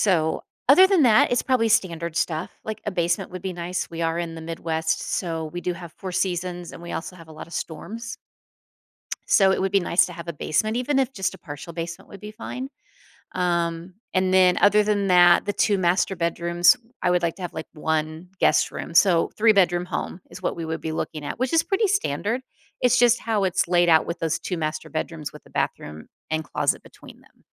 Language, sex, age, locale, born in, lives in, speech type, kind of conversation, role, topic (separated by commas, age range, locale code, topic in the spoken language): English, female, 50-54, en-US, United States, United States, conversation, advice, user, How can I decide whether to invest or save?